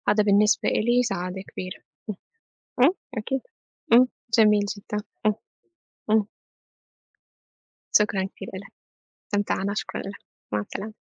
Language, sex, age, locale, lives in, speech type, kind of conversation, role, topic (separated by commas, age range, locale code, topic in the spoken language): Arabic, female, 25-29, ar-SY, United States, conversation, unstructured, none, بتفضل العيلة الكبيرة ولا العيلة الصغيرة؟ وليه؟